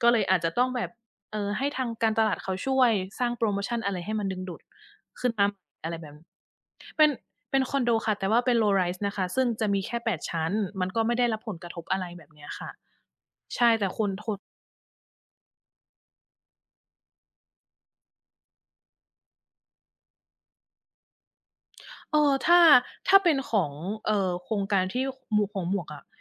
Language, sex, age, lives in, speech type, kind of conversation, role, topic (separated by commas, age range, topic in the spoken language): Thai, female, 40-44, Thailand, conversation, unstructured, none, คุณชอบอะไรมากที่สุดเกี่ยวกับงานที่ทำอยู่ตอนนี้?